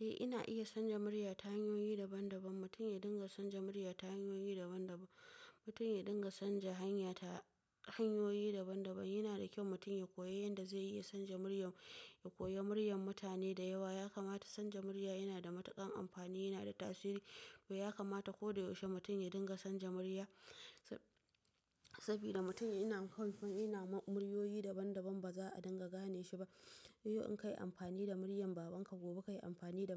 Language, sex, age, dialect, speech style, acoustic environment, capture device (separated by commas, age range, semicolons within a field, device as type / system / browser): Hausa, female, 25-34, Nigeria - Nigerian Standard, relaxed; tired; focused, appliances; nature; silence, mobile / macOS / Safari